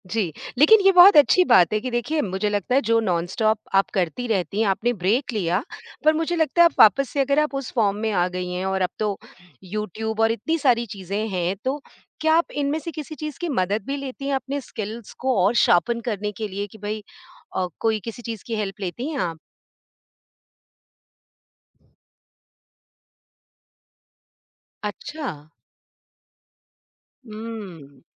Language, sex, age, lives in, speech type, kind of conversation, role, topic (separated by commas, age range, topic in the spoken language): Hindi, female, 50-54, India, conversation, podcast, host, Boredom ko creativity mein badal kar tum kuch naya kaise seekhte ho?